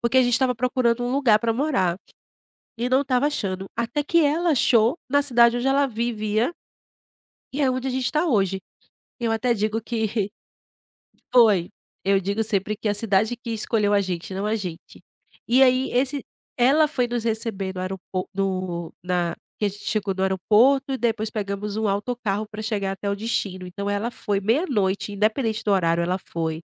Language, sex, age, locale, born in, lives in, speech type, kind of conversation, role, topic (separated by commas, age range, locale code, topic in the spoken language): Portuguese, female, 35-39, pt-BR, Brazil, Portugal, conversation, podcast, guest, Como criar uma rede de apoio do zero?